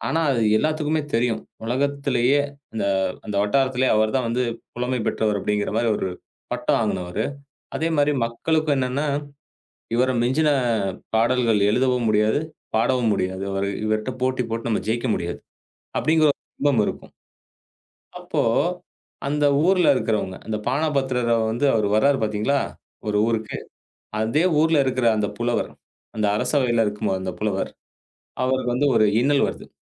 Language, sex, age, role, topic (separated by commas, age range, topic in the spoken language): Tamil, male, 35-39, guest, தந்தை அல்லது தாயை நினைவூட்டும் பாடல் எது, அதைப் பற்றிச் சொல்ல முடியுமா?